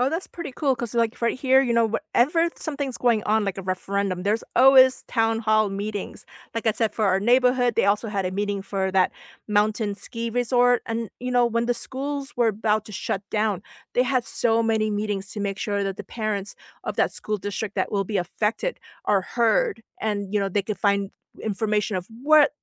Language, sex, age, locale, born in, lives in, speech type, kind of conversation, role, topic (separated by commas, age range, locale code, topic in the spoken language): English, female, 45-49, en-US, South Korea, United States, conversation, unstructured, none, What is your go-to way to keep up with local government decisions and neighborhood updates?